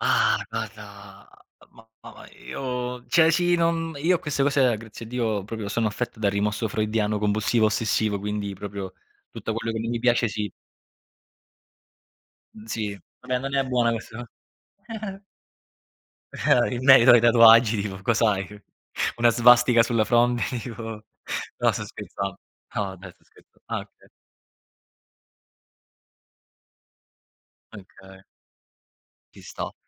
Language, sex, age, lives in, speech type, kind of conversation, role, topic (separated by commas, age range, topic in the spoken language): Italian, male, 30-34, Italy, conversation, unstructured, none, Quale paura legata alla scienza ti sembra più realistica?